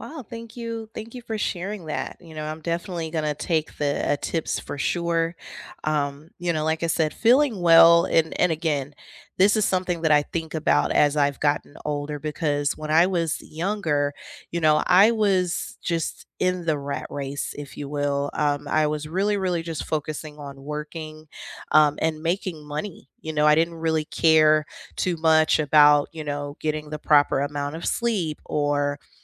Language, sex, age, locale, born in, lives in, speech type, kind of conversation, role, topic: English, female, 30-34, en-US, United States, United States, conversation, unstructured, none, What does feeling well look like for you?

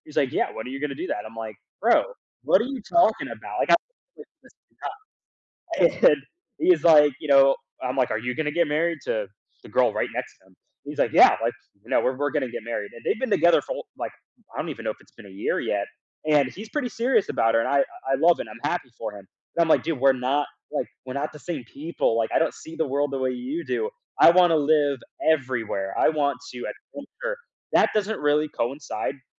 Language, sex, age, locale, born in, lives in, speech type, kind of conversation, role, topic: English, male, 20-24, en-US, United States, United States, conversation, podcast, guest, What helps you feel confident when making important career choices?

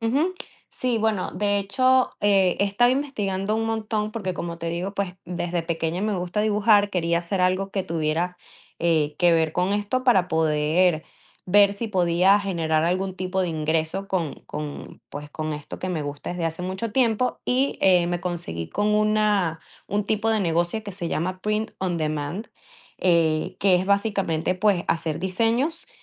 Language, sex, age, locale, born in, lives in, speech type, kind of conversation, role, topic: Spanish, female, 20-24, es-VE, Venezuela, United States, conversation, advice, user, ¿De qué manera el perfeccionismo te impide terminar tus proyectos creativos y te lleva a rehacerlos una y otra vez?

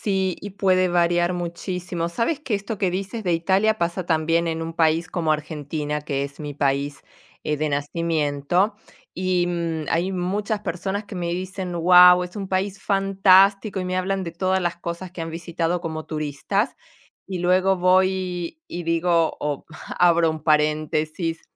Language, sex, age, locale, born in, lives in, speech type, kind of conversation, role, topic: Spanish, female, 45-49, es-AR, Argentina, Italy, conversation, unstructured, none, ¿Has tenido algún encuentro sorprendente con gente local?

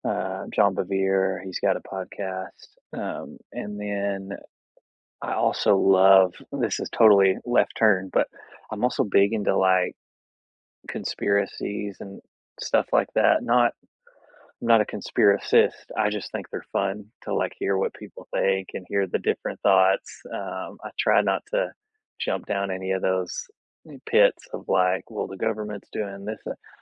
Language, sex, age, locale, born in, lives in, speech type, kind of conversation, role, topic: English, male, 30-34, en-US, United States, United States, conversation, unstructured, none, Which songs or podcasts have lifted your mood lately, and why do they work for you?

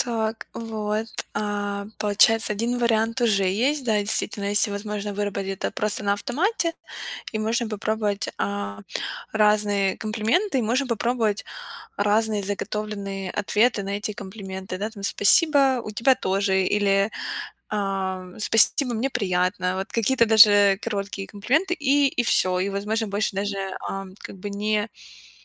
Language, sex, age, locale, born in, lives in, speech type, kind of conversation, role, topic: Russian, female, 25-29, ru-RU, Ukraine, United States, conversation, advice, advisor, Почему вам трудно принимать комплименты?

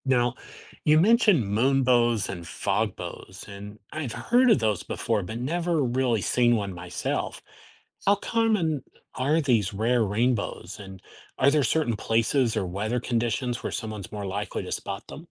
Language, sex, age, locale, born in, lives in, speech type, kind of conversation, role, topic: English, male, 50-54, en-US, United States, United States, read, expert-assistant, asker, What makes a rainbow form after it rains?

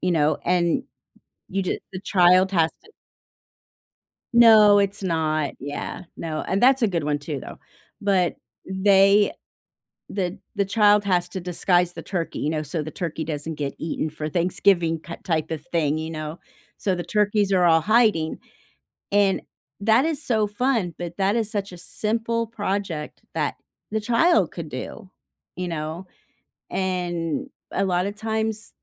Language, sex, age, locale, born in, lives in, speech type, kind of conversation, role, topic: English, female, 55-59, en-US, United States, United States, conversation, unstructured, none, What class project did you love, and what made it memorable?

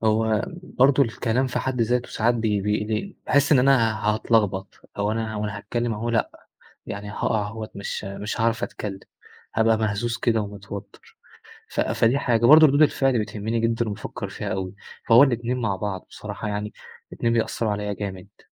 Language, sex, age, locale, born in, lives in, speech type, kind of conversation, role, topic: Arabic, male, 20-24, ar-EG, Egypt, Egypt, conversation, advice, user, إزاي أتغلب على خوفي من الكلام في الاجتماعات أو قدام ناس كتير؟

